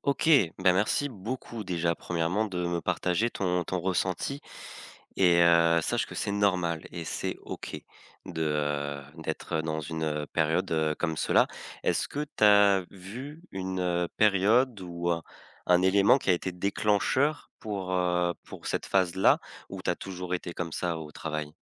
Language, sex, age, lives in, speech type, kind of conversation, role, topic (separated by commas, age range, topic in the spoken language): French, male, 20-24, France, conversation, advice, advisor, Comment puis-je avouer une erreur au travail et présenter des excuses sincères ?